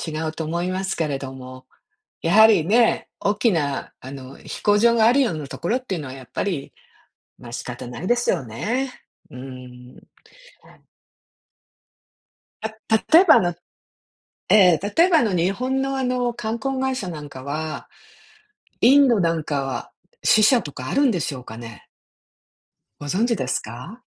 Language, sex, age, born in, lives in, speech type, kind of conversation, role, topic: Japanese, female, 60-64, Japan, United States, conversation, advice, advisor, 新しいことに挑戦するときの不安をどうすれば乗り越えられますか？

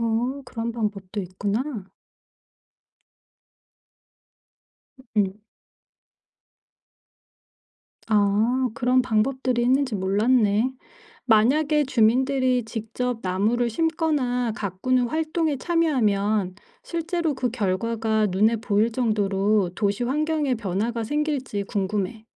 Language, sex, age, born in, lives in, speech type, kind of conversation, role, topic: Korean, female, 45-49, South Korea, United States, read, expert-assistant, asker, 왜 산에는 나무가 많고 도시는 나무가 적을까요?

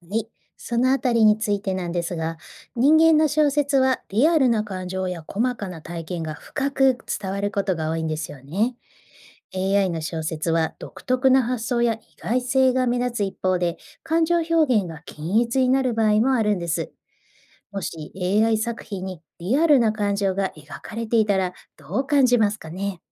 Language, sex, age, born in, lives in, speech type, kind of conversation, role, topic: Japanese, female, 45-49, Japan, Japan, read, expert-assistant, expert, もしAIが小説家として有名になったら、人間の作家と文学の世界はどう変わると思いますか？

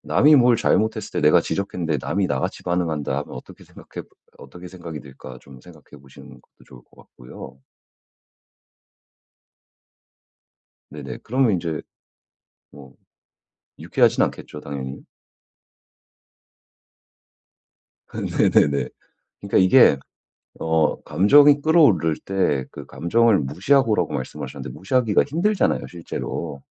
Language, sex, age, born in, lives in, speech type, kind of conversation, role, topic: Korean, male, 35-39, United States, United States, conversation, advice, advisor, 비판을 두려워하지 않고 내 생각을 솔직하게 표현하려면 어떻게 해야 하나요?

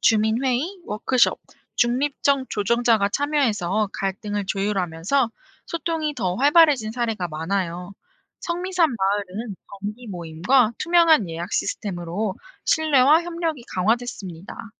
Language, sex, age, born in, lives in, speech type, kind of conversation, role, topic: Korean, female, 25-29, South Korea, South Korea, read, expert-assistant, expert, 사람들이 흔히 '도시에 살면 더 외로워진다'고 하는데, 실제로 그런 연구 결과가 있나요?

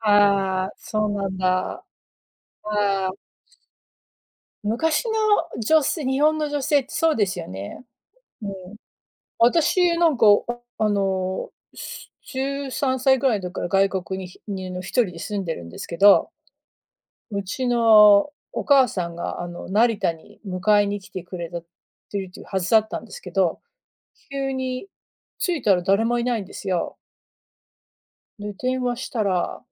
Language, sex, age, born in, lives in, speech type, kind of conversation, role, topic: Japanese, female, 40-44, United States, United States, conversation, unstructured, none, 自分にとって理想的な休日の過ごし方は何ですか？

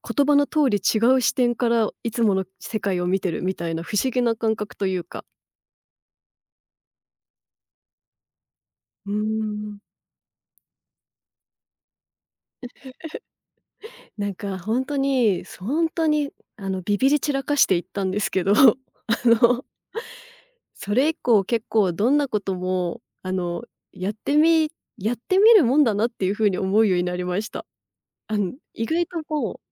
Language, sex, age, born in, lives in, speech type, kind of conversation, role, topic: Japanese, female, 35-39, Japan, Japan, conversation, podcast, guest, 恐怖心を乗り越えたのはどんな瞬間でしたか？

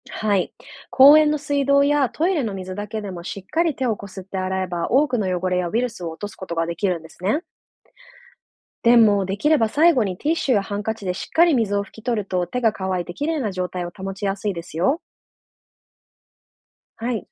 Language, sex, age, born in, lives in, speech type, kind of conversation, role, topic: Japanese, female, 30-34, Japan, Japan, read, expert-assistant, expert, なぜ人はくしゃみをするのか教えてください。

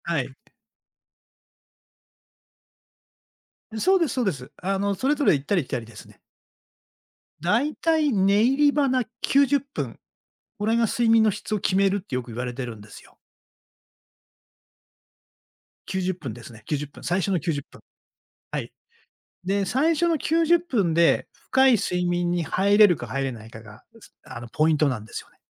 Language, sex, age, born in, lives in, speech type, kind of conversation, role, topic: Japanese, male, 60-64, Japan, Japan, conversation, podcast, guest, 睡眠の質をよくするために気をつけていることは何ですか？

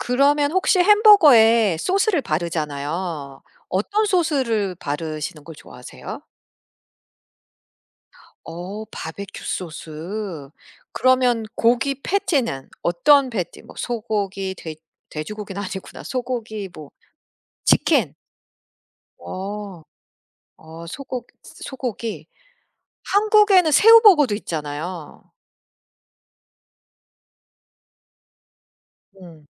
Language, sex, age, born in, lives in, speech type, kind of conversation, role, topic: Korean, female, 45-49, United States, United States, conversation, unstructured, none, 피자와 햄버거 중에서 어떤 음식을 더 좋아하시나요?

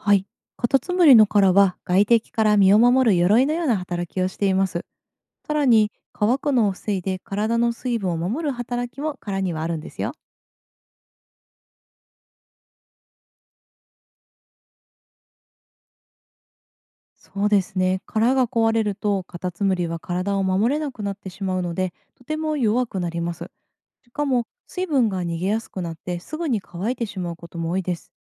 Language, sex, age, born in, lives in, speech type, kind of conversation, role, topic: Japanese, female, 30-34, Japan, Japan, read, expert-assistant, expert, なぜカタツムリは殻を持っているのでしょうか？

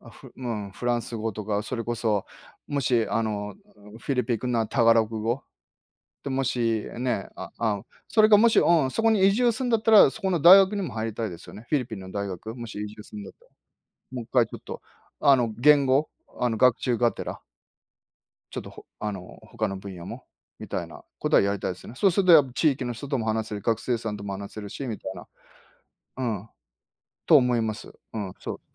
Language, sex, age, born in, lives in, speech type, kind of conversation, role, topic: Japanese, male, 50-54, Japan, Japan, conversation, unstructured, none, 将来、どんな暮らしをしたいですか？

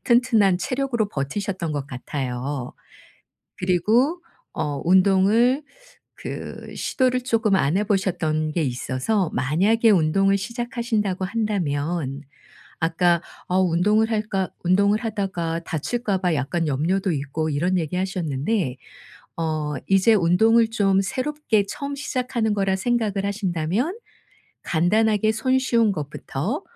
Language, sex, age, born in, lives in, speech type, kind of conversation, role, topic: Korean, female, 55-59, South Korea, South Korea, conversation, advice, advisor, 운동이 실패할까 봐 두려워 시작을 미룰 때, 그 마음을 어떻게 바꿀 수 있을까요?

